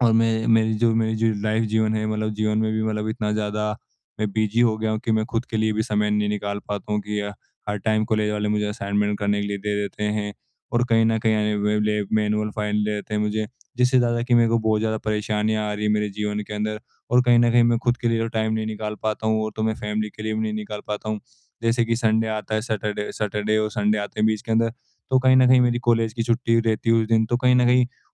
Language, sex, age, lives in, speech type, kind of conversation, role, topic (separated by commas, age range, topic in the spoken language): Hindi, male, 18-19, India, conversation, advice, user, परिवार और अपने लिए समय कैसे बाँटूँ?